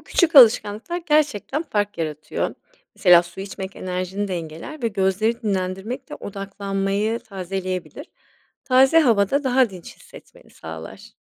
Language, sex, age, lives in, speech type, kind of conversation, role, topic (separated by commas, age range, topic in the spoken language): Turkish, female, 40-44, Spain, read, expert-assistant, expert, Gündüzleri neden sürekli uykulu hissediyorum?